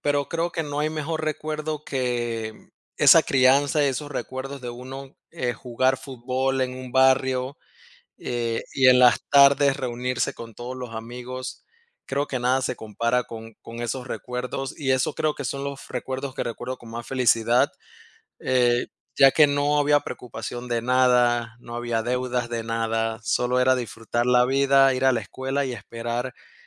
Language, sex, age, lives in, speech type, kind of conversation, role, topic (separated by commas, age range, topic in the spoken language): Spanish, male, 30-34, United States, conversation, unstructured, none, ¿Cuál es tu recuerdo más feliz con tus amigos?